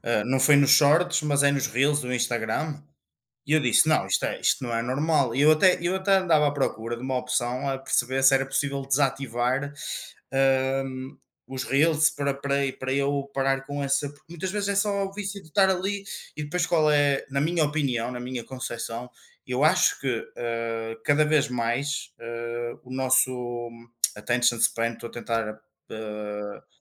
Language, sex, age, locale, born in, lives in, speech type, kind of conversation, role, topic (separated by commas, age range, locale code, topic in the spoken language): Portuguese, male, 25-29, pt-PT, Portugal, Portugal, conversation, unstructured, none, Você já teve medo de perder a liberdade de escolher o que quer assistir?